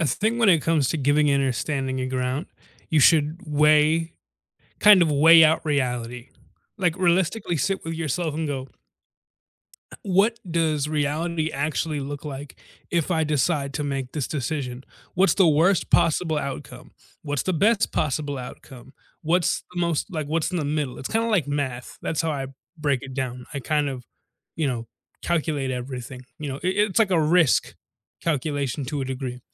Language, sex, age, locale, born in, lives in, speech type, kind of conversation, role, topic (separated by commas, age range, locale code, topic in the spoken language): English, male, 20-24, en-US, United States, United States, conversation, unstructured, none, How do you decide when to give in or stand your ground?